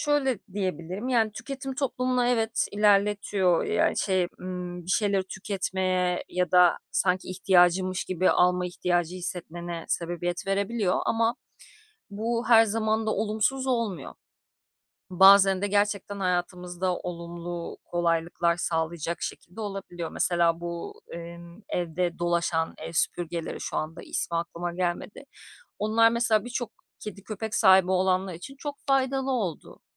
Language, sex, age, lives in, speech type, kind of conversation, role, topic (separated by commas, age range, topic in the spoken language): Turkish, female, 35-39, Greece, conversation, podcast, guest, Yeni teknolojileri denemeye nasıl karar veriyorsun?